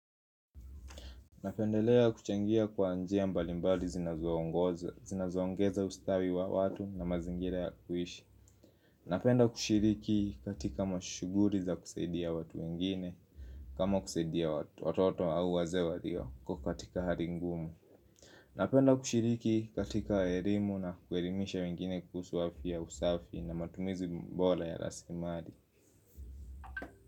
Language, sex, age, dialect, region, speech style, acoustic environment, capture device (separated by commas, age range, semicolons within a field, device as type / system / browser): Swahili, male, 25-34, Kenya - Nairobi Sheng-influenced, Kenya, happy; focused, music; silence, mobile / Linux / Chrome